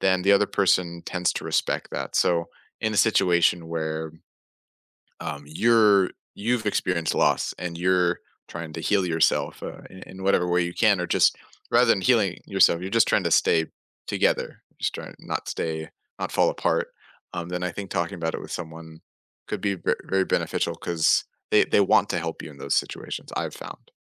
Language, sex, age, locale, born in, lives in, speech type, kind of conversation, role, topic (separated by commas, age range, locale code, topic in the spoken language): English, male, 20-24, en-US, United States, United States, conversation, unstructured, none, What role does talking about loss play in healing?